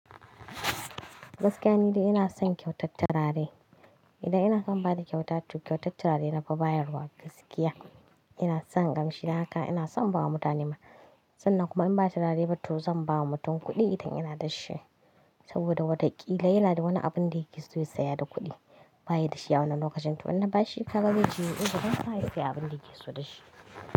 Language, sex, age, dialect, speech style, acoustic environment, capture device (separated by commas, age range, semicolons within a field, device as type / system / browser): Hausa, female, 25-34, Nigeria - Kano, happy, appliances, mobile / Linux / Chrome